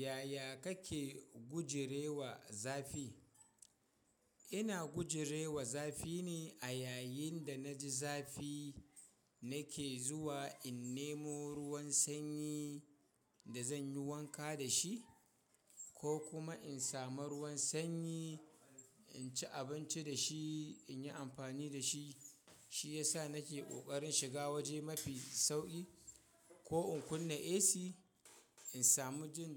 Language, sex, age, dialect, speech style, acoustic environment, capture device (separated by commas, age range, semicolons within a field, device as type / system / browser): Hausa, male, 25-34, Nigeria - Kano, happy; excited, people talking; nature, mobile / Linux / Chrome